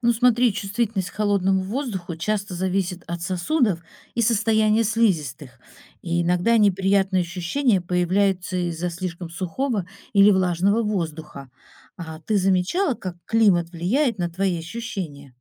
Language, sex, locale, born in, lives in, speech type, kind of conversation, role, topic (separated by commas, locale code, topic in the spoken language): Russian, female, ru-RU, Russia, Italy, read, expert-assistant, expert, Почему в некоторых странах принято закаляться, а в других — боятся сквозняков? Что об этом думает физиология?